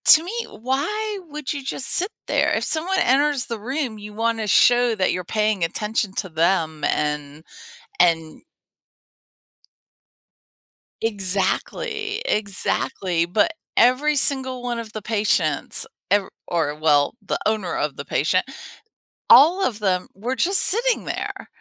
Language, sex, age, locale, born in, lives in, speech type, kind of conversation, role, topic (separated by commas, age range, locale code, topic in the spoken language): English, female, 50-54, en-US, United States, United States, conversation, podcast, host, What makes someone a good listener?